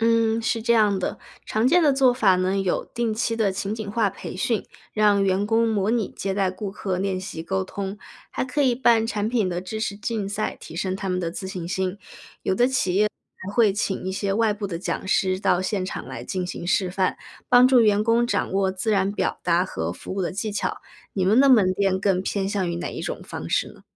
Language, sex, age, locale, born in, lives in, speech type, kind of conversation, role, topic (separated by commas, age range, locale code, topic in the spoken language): Chinese, female, 25-29, zh-CN, China, United States, read, expert-assistant, expert, 如果传统广告效果逐渐减弱，有哪些创新方式可以帮助企业更好地推广产品？